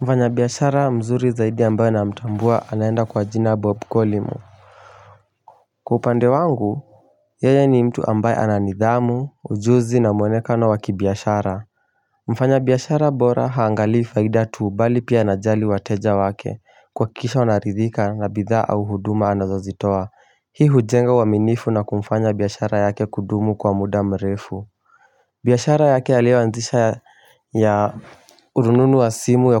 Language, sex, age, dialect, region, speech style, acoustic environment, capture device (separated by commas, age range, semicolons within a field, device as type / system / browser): Swahili, male, 18-24, Kenya - Mombasa, Kenya, focused; relaxed, silence, mobile / Linux / Chrome